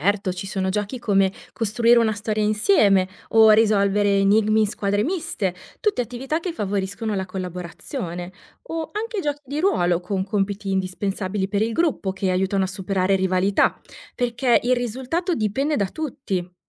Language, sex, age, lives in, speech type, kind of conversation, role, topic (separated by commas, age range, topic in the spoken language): Italian, female, 30-34, Italy, read, expert-assistant, expert, Come si possono rendere le lezioni più coinvolgenti per imparare davvero, non solo per passare gli esami?